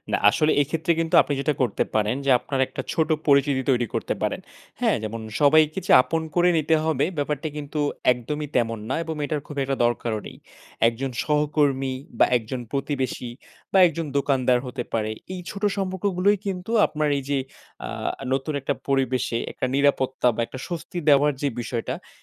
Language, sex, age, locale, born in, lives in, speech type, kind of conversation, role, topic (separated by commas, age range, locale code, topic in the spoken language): Bengali, male, 20-24, bn-BD, Bangladesh, Bangladesh, conversation, advice, advisor, পরিবর্তিত সামাজিক মান ও আচরণে আপনি কীভাবে মানিয়ে নিতে পারেন?